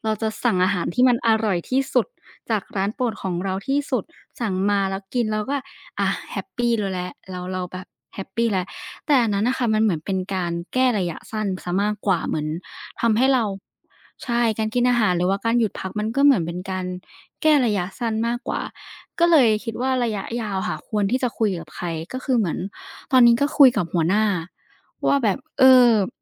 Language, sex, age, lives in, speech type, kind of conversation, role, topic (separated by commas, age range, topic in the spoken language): Thai, female, 20-24, Thailand, conversation, podcast, guest, คุณค้นหาความสุขในการทำงานอย่างไรบ้าง?